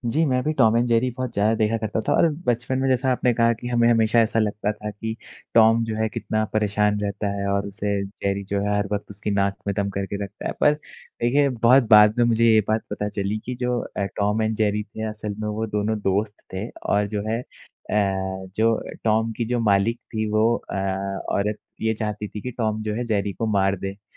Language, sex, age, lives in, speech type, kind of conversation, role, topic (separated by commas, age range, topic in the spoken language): Hindi, male, 20-24, India, conversation, unstructured, none, आपके बचपन का सबसे पसंदीदा कार्टून कौन-सा था?